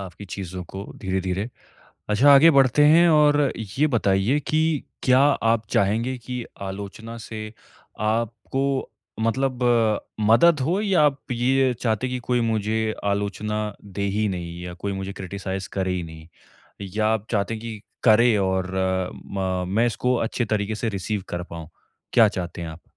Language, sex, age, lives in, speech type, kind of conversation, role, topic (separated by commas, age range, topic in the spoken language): Hindi, male, 25-29, India, conversation, advice, advisor, आलोचना से सीखना और बढ़ना